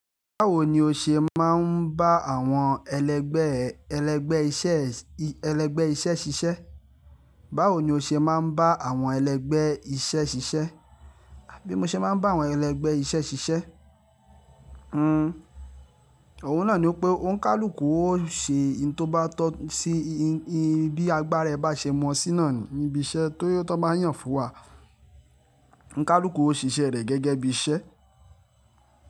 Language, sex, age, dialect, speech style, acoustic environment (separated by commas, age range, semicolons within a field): Yoruba, male, 25-34, Nigeria - Lagos Yoruba, happy, silence